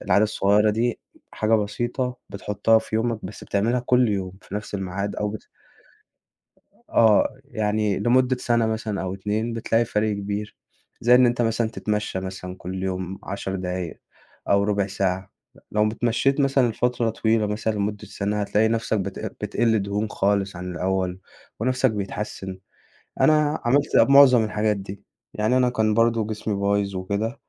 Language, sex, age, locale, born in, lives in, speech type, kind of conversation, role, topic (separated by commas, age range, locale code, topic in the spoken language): Arabic, male, 20-24, ar-EG, Egypt, Egypt, conversation, podcast, guest, إيه العادات الصغيرة اللي غيّرت صحتك للأحسن؟